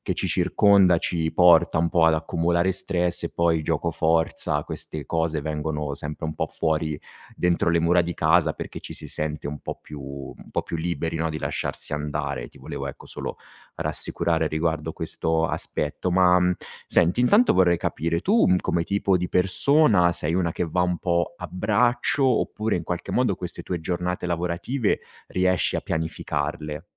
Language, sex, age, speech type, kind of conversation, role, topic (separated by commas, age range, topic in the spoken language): Italian, male, 35-39, conversation, advice, advisor, Come posso bilanciare il lavoro e la vita di coppia?